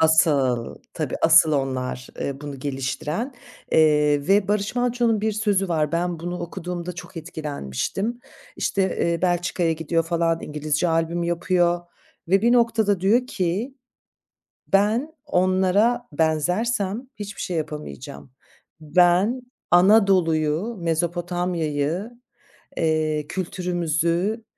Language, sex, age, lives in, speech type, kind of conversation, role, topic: Turkish, female, 45-49, France, conversation, podcast, guest, Kökenin veya etnik geçmişin müzik zevkini nasıl şekillendirdi?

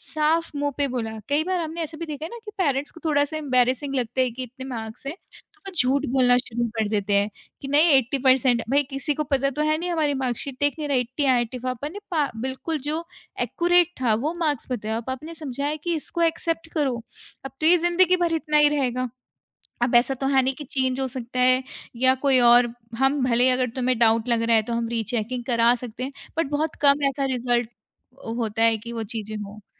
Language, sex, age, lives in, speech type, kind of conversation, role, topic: Hindi, female, 25-29, India, conversation, podcast, guest, Kya tumhare liye kabhi asafalta ek naya mod ban gayi thi?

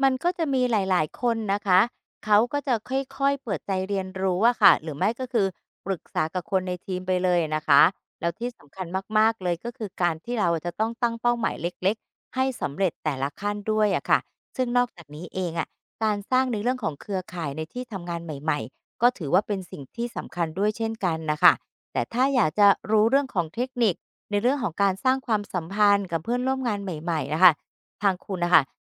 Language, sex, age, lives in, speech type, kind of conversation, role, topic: Thai, female, 50-54, Thailand, read, expert-assistant, expert, อยากวางแผนอาชีพให้ดี มีวิธีเช็กยังไงว่าแหล่งข้อมูลไหนน่าเชื่อถือจริง?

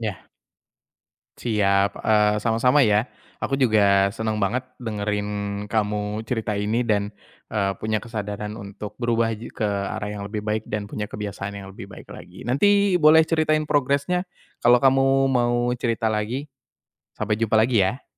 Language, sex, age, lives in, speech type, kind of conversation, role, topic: Indonesian, male, 25-29, Indonesia, conversation, advice, advisor, Bagaimana cara menemukan ketenangan saat saya cenderung mengandalkan makanan atau menatap layar?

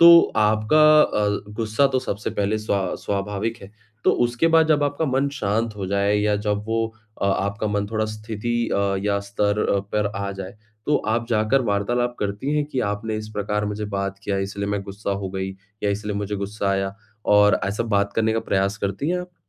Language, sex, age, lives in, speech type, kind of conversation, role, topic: Hindi, male, 25-29, India, conversation, advice, advisor, मैं आलोचना पर अपने गुस्से और घबराहट को कैसे नियंत्रित करूँ?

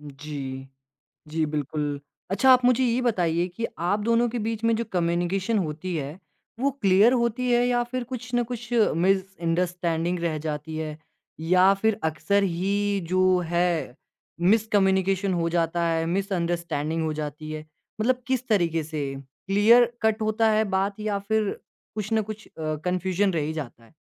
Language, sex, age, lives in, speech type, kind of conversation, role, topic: Hindi, male, 20-24, India, conversation, advice, advisor, आप अपने दीर्घकालिक रिश्ते के भविष्य को लेकर अनिश्चित क्यों महसूस कर रहे हैं?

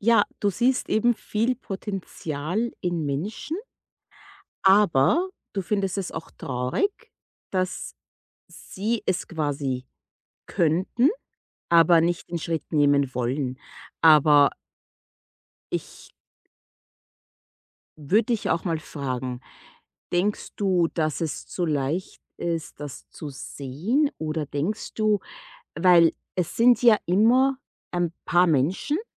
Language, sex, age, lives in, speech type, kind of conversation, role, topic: German, female, 30-34, United States, conversation, advice, advisor, Wie kann ich mit Empathie und aktivem Zuhören ehrliches Feedback geben und dabei die Beziehung pflegen?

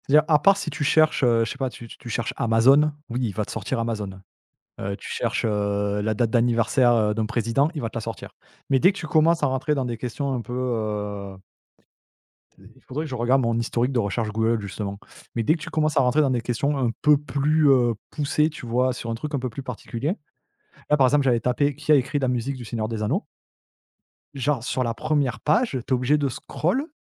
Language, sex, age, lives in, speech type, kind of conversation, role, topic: French, male, 35-39, France, conversation, podcast, guest, Que penses-tu de l’intelligence artificielle dans la vie quotidienne ?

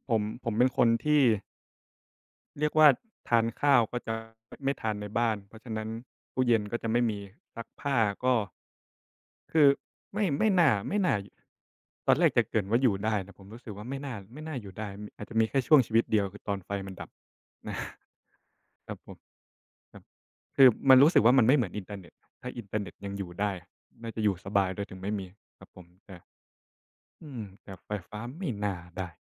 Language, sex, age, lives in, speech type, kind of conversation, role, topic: Thai, male, 25-29, Thailand, conversation, unstructured, none, ทำไมการค้นพบไฟฟ้าถึงทำให้โลกเปลี่ยนแปลงไปอย่างมาก?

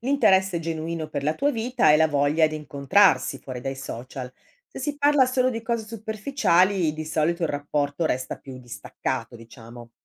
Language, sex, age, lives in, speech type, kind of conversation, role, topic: Italian, female, 55-59, Italy, read, expert-assistant, expert, Vale la pena coltivare amicizie nate sui social o è meglio puntare su quelle di persona?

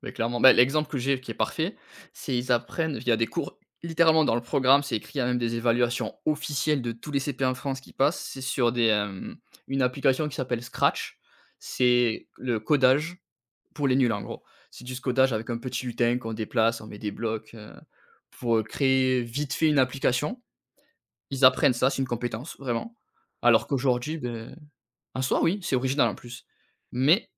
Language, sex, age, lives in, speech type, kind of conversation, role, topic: French, male, 30-34, France, conversation, podcast, guest, Comment l’école pourrait-elle mieux préparer à la vie réelle ?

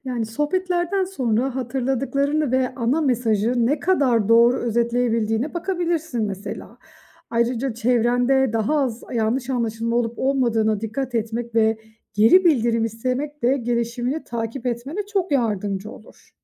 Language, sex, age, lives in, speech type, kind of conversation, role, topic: Turkish, female, 35-39, Austria, read, expert-assistant, expert, İlişkilerde aktif dinleme becerileri nasıl geliştirilir ve günlük hayatta hangi pratiklerle uygulanabilir?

